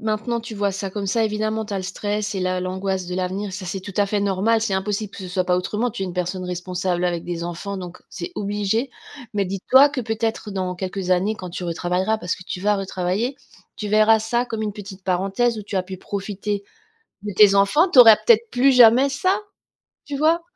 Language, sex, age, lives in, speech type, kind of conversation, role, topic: French, female, 45-49, France, conversation, advice, advisor, Comment gérer une perte d’emploi soudaine et l’incertitude sur mon avenir financier ?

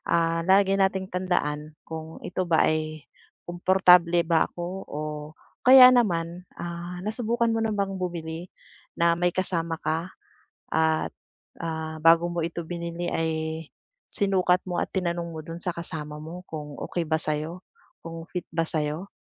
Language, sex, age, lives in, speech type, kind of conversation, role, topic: Filipino, female, 40-44, Philippines, conversation, advice, advisor, Paano ako pipili ng damit na akma sa pangangatawan ko?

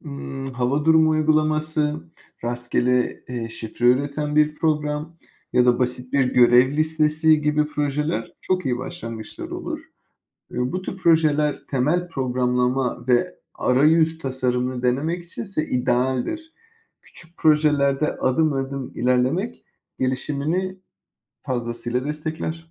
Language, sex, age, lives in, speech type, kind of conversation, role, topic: Turkish, male, 35-39, Spain, read, expert-assistant, expert, Yeni öğrendiklerimi kalıcı kılmak için neler yapabilirim?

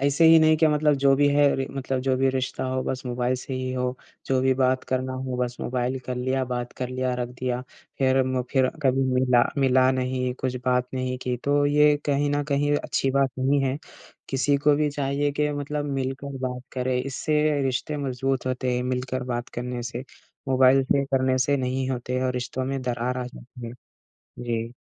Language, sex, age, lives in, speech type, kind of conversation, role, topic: Hindi, male, 20-24, India, conversation, unstructured, none, क्या आपको लगता है कि मोबाइल फ़ोन हमारी बातचीत को खराब कर रहे हैं?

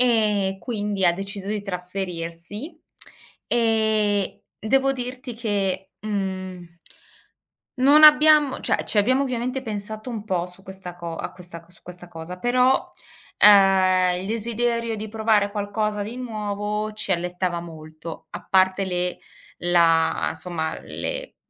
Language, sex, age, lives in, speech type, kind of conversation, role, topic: Italian, female, 40-44, Germany, conversation, podcast, guest, Cosa significa per te sentirti a casa lontano dalla patria?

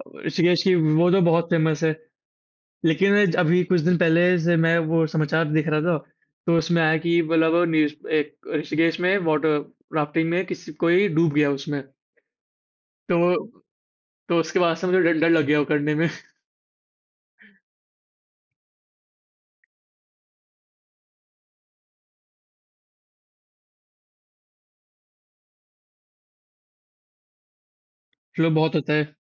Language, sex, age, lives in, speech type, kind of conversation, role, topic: Hindi, male, 18-19, India, conversation, unstructured, none, गर्मी की छुट्टियों में आप पहाड़ों पर जाना पसंद करेंगे या समुद्र तट पर?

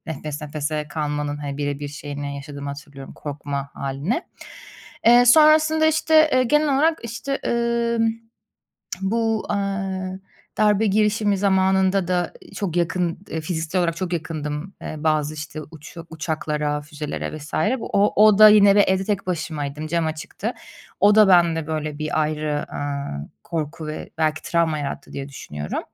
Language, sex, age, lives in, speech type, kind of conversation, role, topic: Turkish, female, 30-34, Germany, conversation, advice, user, Hızlı sakinleşmek için hangi nefes egzersizleri işe yarar?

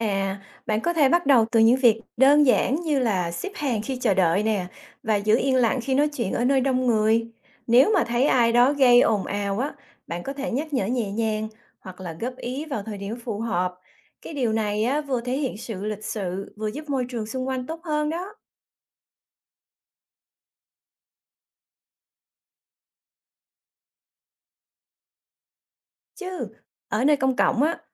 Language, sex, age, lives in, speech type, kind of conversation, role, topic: Vietnamese, female, 45-49, United States, read, expert-assistant, expert, Ý nghĩa của việc giữ gìn trật tự nơi công cộng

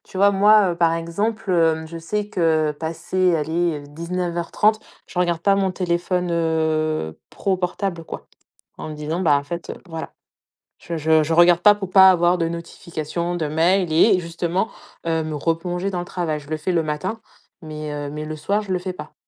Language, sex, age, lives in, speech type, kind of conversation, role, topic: French, female, 35-39, France, conversation, podcast, guest, Comment fais-tu pour équilibrer ton travail et ta vie personnelle au quotidien ?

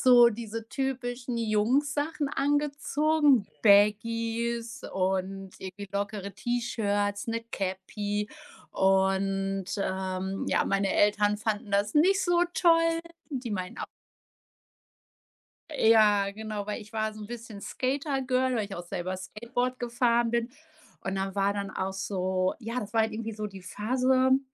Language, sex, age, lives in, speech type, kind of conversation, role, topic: German, female, 35-39, Germany, conversation, podcast, guest, Wie hat sich dein Kleidungsstil im Laufe der Jahre verändert?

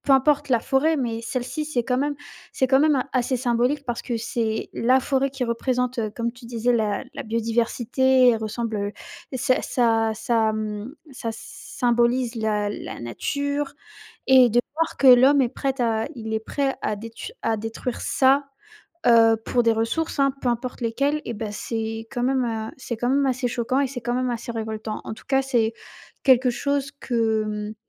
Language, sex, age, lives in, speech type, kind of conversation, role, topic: French, female, 18-19, France, conversation, unstructured, none, Comment réagis-tu face à la déforestation massive dans le monde ?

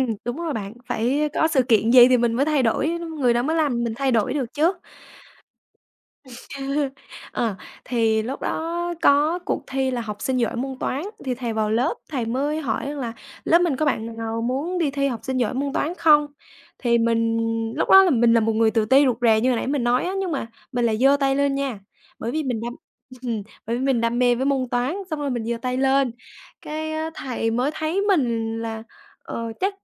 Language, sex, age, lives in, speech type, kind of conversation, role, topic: Vietnamese, female, 20-24, Vietnam, conversation, podcast, guest, Bạn hãy kể về một thầy hoặc cô đã khiến bạn thay đổi như thế nào?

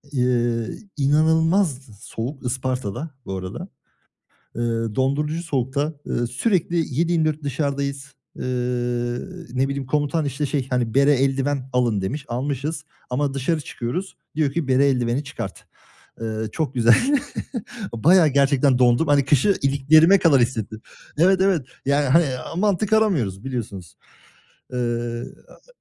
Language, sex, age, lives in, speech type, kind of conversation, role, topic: Turkish, male, 25-29, Germany, conversation, unstructured, none, Doğada en çok hangi mevsimi seviyorsun?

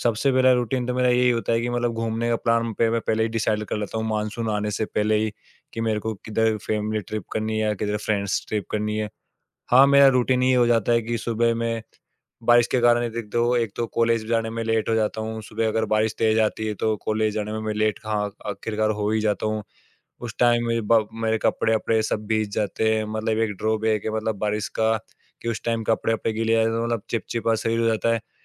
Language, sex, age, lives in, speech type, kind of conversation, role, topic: Hindi, male, 20-24, India, conversation, podcast, guest, Monsoon aane par tumhara roz ka routine kaise badal jaata hai?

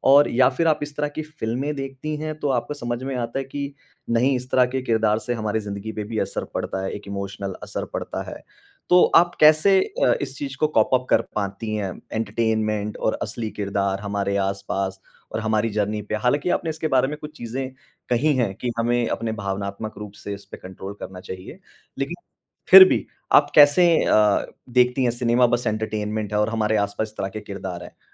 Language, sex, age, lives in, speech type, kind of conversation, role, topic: Hindi, male, 35-39, India, conversation, podcast, host, किस बॉलीवुड फिल्म ने आपकी सोच का नज़रिया बदला और कैसे?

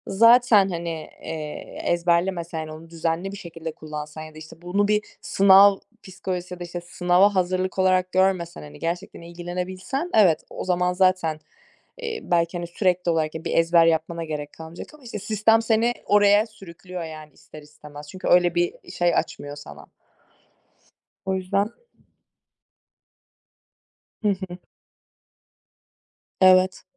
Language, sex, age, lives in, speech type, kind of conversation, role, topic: Turkish, female, 25-29, Germany, conversation, unstructured, none, Sınavların öğrencilerin geleceğini belirlemesi sence doğru mu?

